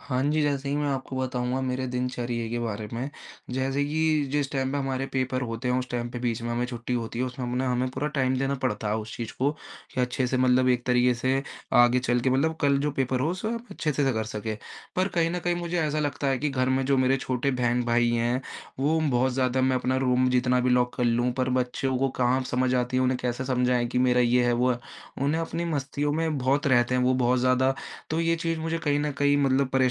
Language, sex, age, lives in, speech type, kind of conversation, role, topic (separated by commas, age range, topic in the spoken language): Hindi, male, 20-24, India, conversation, advice, user, मैं कैसे स्वीकार करूँ कि कुछ चीज़ें मेरे नियंत्रण में नहीं हैं और फिर आगे कैसे बढ़ूँ?